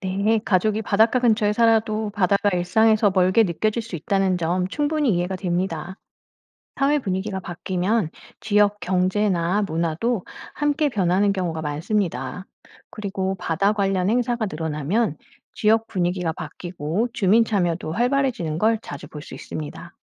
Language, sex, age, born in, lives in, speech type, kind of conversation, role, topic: Korean, female, 45-49, South Korea, France, read, expert-assistant, expert, 우리나라와 다른 나라 사람들이 바다를 대하는 태도에는 어떤 차이가 있을까요?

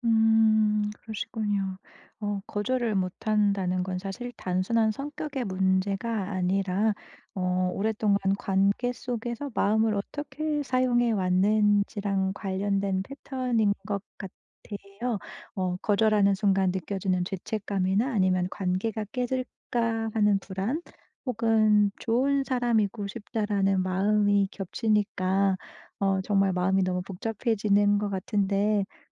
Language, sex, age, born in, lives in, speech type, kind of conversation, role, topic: Korean, female, 35-39, South Korea, Germany, conversation, advice, advisor, 부탁을 거절하지 못해 심적 부담이 계속 쌓일 때 어떻게 해야 하나요?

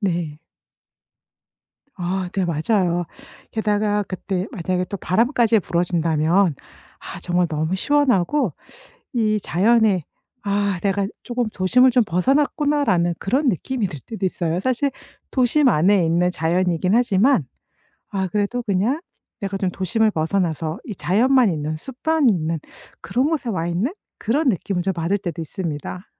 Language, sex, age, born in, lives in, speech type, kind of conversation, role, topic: Korean, female, 50-54, South Korea, United States, conversation, podcast, guest, 도시에서 자연을 더 가깝게 느끼려면 어떻게 하면 좋을까요?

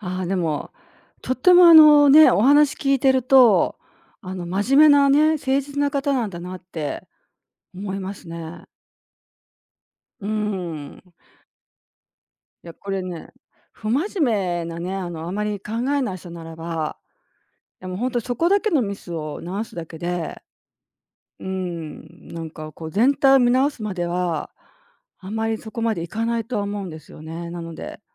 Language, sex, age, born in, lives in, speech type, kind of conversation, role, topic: Japanese, female, 60-64, Japan, Japan, conversation, advice, advisor, 小さなミスをしただけで全部がダメだと感じてしまうのを、どうすればやめられますか？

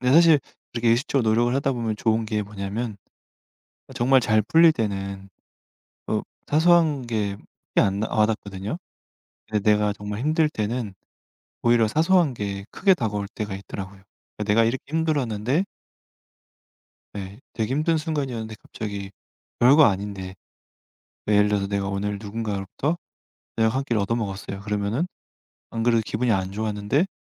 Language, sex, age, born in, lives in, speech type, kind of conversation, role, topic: Korean, male, 35-39, South Korea, France, conversation, unstructured, none, 어떤 순간에 감사함을 가장 크게 느끼시나요?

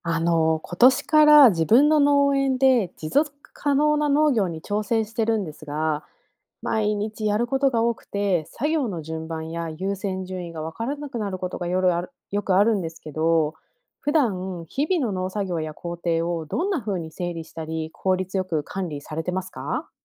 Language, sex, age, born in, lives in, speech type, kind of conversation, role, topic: Japanese, female, 30-34, Japan, United States, read, expert-assistant, asker, 農作業を効率よく管理するにはどうすればいいですか？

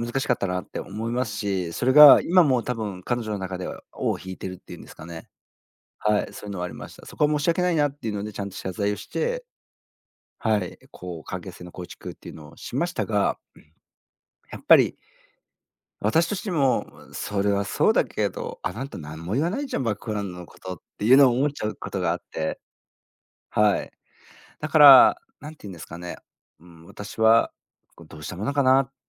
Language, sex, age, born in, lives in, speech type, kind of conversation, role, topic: Japanese, male, 40-44, Japan, Japan, conversation, advice, user, 恋人と頻繁に喧嘩して疲れてしまうのですが、どうしたらいいですか？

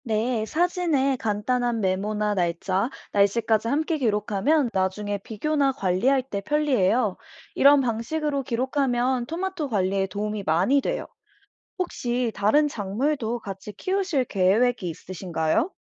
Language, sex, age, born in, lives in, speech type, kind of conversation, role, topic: Korean, female, 25-29, South Korea, United States, read, expert-assistant, expert, 토마토 잎이 누렇게 변할 때 어떻게 관리해야 하나요?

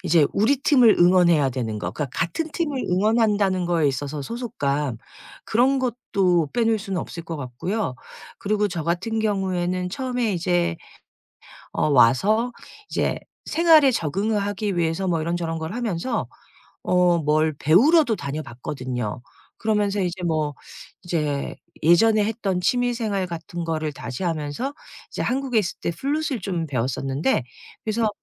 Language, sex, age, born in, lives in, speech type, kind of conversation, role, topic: Korean, female, 50-54, South Korea, United States, conversation, podcast, guest, 소속감을 느낄 수 있는 곳은 어떻게 찾을 수 있을까요?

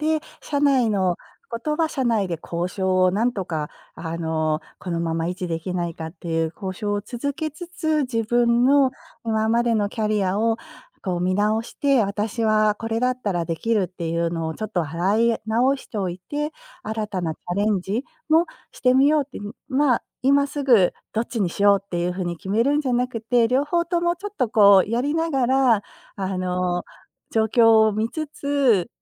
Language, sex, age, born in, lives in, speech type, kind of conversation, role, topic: Japanese, female, 50-54, Japan, United States, conversation, advice, user, 転職するべきか、それとも今の職場に留まるべきか迷っているのですが、どう判断すればよいですか？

